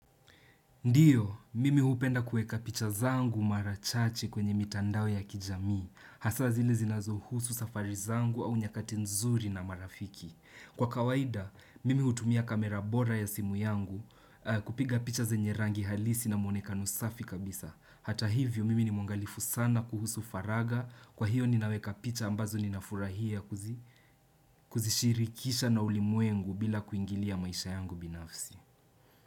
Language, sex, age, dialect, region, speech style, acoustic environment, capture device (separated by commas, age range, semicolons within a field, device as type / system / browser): Swahili, male, 18-24, Kenya - Nairobi Sheng-influenced, Kenya, excited, silence, desktop / Windows / Chrome